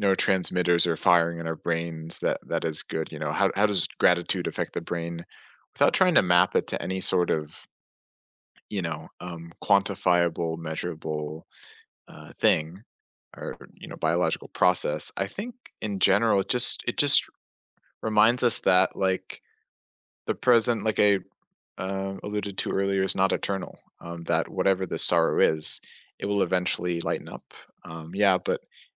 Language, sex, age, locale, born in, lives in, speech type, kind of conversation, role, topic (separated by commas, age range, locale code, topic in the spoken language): English, male, 20-24, en-US, United States, United States, conversation, unstructured, none, How can remembering the good times help heal sorrow?